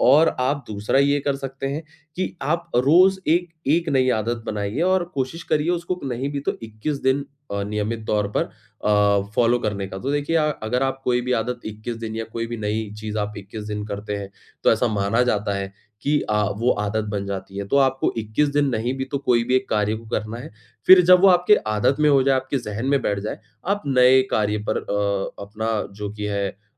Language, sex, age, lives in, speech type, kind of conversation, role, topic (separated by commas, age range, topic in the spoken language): Hindi, male, 25-29, India, conversation, advice, advisor, मैं छोटे कदमों से दीर्घकालिक आदतें कैसे बना सकता/सकती हूँ?